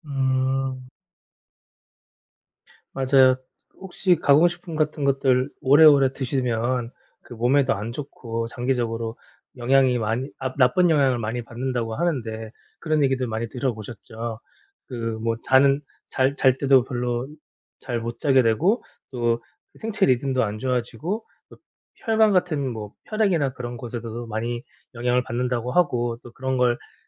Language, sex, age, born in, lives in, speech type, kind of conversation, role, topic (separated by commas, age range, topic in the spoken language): Korean, male, 40-44, South Korea, South Korea, conversation, advice, advisor, 가공식품 섭취를 줄이려면 어디서부터 시작하는 것이 좋을까요?